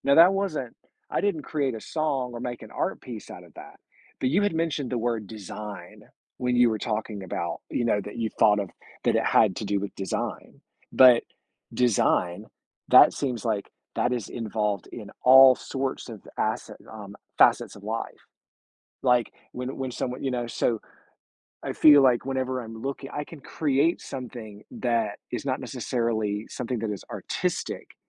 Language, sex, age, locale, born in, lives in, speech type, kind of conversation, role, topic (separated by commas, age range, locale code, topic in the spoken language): English, male, 45-49, en-US, United States, United States, conversation, podcast, guest, What are some ways people can discover and nurture their own creativity?